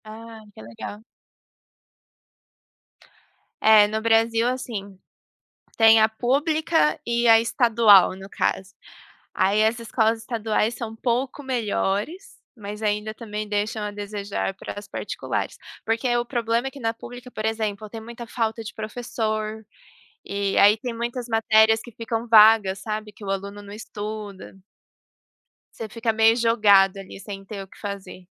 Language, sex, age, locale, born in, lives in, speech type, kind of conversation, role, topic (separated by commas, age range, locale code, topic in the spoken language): Portuguese, female, 25-29, pt-PT, Brazil, Germany, conversation, unstructured, none, O que você mais gosta na escola?